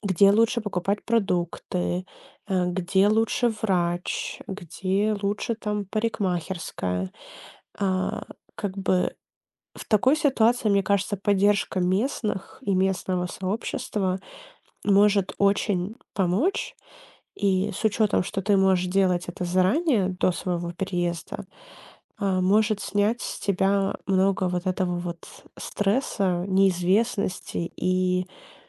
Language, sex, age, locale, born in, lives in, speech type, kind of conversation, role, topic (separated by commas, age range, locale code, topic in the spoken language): Russian, female, 25-29, ru-RU, Ukraine, United States, conversation, advice, advisor, Почему вы рассматриваете переезд в другой город или страну?